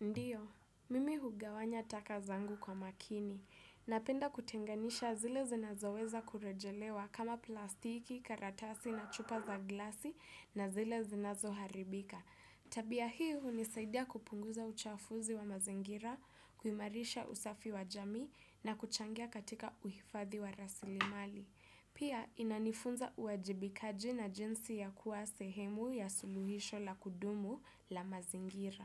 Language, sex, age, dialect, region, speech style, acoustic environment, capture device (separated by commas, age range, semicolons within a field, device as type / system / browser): Swahili, female, 18-24, Kenya - Nairobi Sheng-influenced, Kenya, focused, silence, desktop / Windows / Chrome